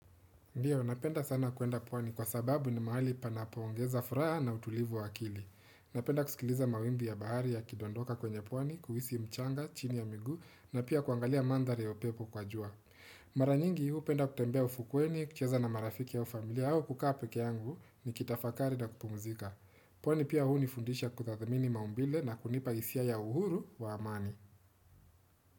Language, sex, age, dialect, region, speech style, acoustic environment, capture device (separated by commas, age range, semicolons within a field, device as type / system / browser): Swahili, male, 25-34, Kenya - Nairobi Sheng-influenced, Kenya, relaxed, silence, desktop / Windows / Chrome